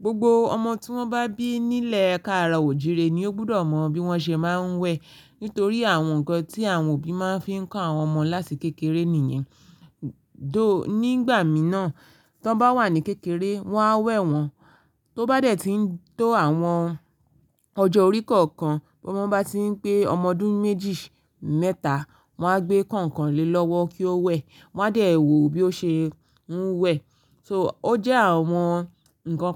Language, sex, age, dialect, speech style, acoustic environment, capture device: Yoruba, female, 25-34, Nigeria - Ibadan, focused, silence, mobile / Linux / Chrome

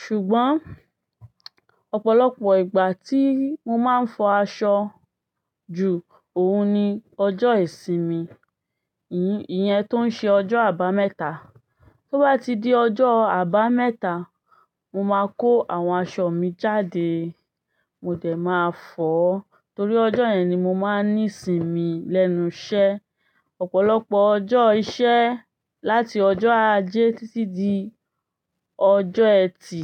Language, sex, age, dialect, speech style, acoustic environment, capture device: Yoruba, female, 25-34, Nigeria - Ibadan, excited, silence, desktop / Linux / Chrome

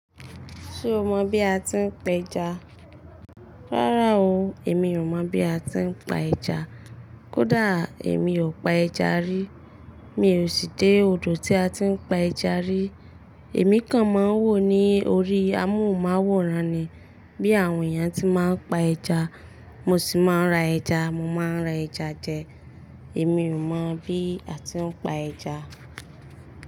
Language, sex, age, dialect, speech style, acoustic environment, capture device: Yoruba, female, 25-34, Nigeria - Ibadan, relaxed, traffic, mobile / Linux / Chrome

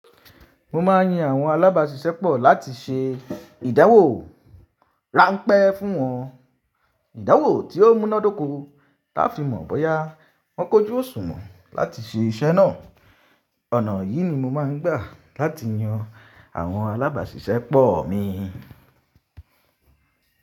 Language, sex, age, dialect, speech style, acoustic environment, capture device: Yoruba, male, 25-34, Nigeria - Ibadan, happy; relaxed; excited; focused, silence, mobile / Linux / Chrome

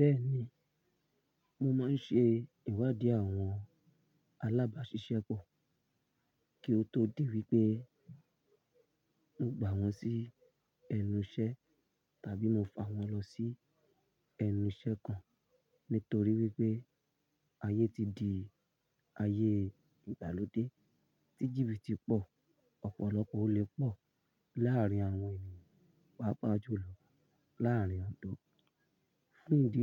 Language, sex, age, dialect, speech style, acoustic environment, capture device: Yoruba, male, 25-34, Nigeria - Ibadan, happy, people talking, mobile / Linux / Chrome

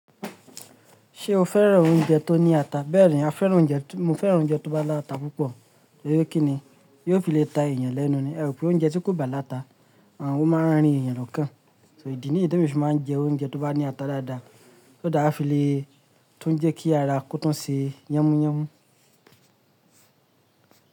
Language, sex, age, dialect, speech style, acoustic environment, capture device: Yoruba, male, 25-34, Nigeria - Lagos Yoruba, happy; relaxed; focused; excited, silence; nature, mobile / Linux / Chrome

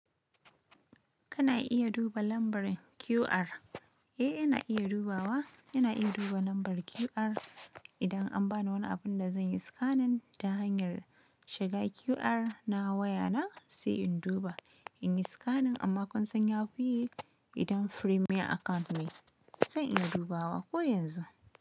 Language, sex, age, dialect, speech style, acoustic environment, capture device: Hausa, female, 25-34, Nigeria - Nigerian Standard, happy; excited, nature, mobile / Linux / Chrome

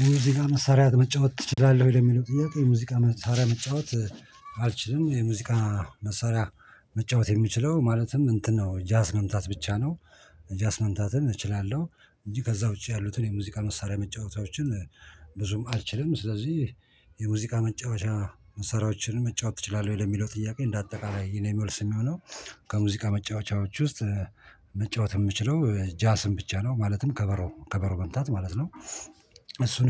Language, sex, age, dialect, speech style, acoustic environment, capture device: Amharic, male, 45-54, Ethiopia - Addis Ababa, focused, people talking, mobile / Linux / Chrome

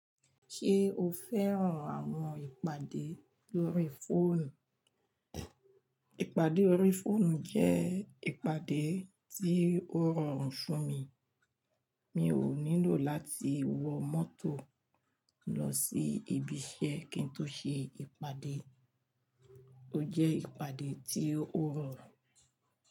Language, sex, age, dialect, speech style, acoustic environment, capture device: Yoruba, female, 45-54, Nigeria - Lagos Yoruba, happy; focused, people talking; nature, mobile / Linux / Chrome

